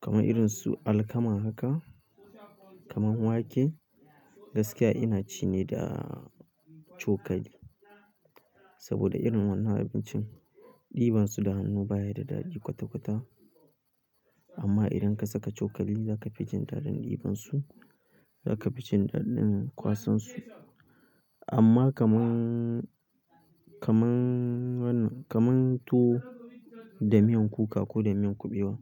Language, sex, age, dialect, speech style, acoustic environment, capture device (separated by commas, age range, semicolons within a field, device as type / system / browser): Hausa, male, 18-24, Nigeria - Kano, focused; relaxed, silence, mobile / Linux / Chrome